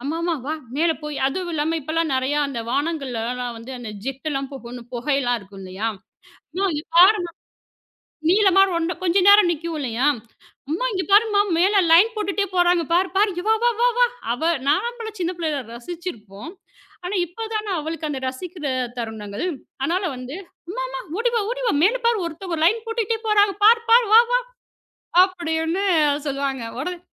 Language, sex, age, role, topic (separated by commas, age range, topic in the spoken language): Tamil, female, 35-39, guest, சூரியோதயம் அல்லது சாயங்காலத்தை கவனிப்பதை நீங்கள் எப்படி அனுபவிப்பீர்கள்?